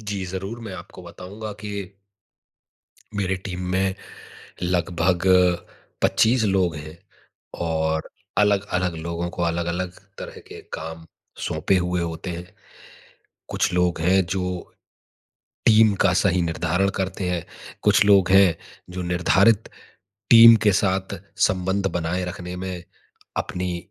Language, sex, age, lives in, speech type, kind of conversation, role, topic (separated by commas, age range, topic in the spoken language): Hindi, male, 30-34, India, conversation, advice, user, टीम के साथ रचनात्मक अवरोध को जल्दी कैसे तोड़ा जा सकता है?